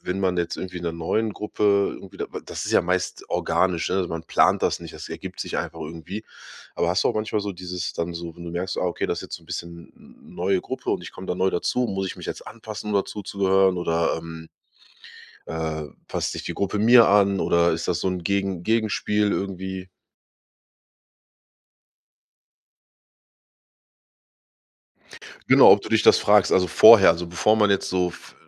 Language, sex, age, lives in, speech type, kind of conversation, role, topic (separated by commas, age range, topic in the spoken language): German, male, 30-34, Germany, conversation, podcast, host, Was bedeutet es für dich, dazuzugehören?